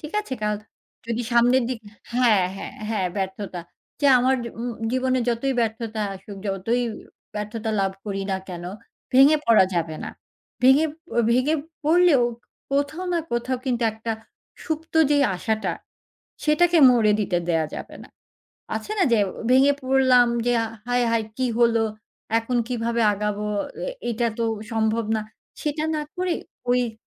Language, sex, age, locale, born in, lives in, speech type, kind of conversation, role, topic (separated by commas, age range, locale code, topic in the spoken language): Bengali, female, 40-44, bn-BD, Bangladesh, Finland, conversation, podcast, guest, প্রকৃতি কীভাবে আমাদের ধৈর্য শেখায়?